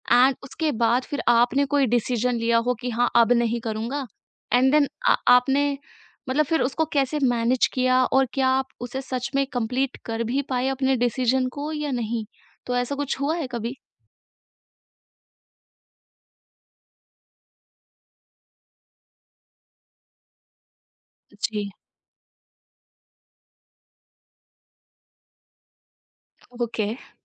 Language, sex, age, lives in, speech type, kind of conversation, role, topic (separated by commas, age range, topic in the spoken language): Hindi, female, 20-24, India, conversation, podcast, host, Kya tum social media par sirf escape karne ke liye jaate ho?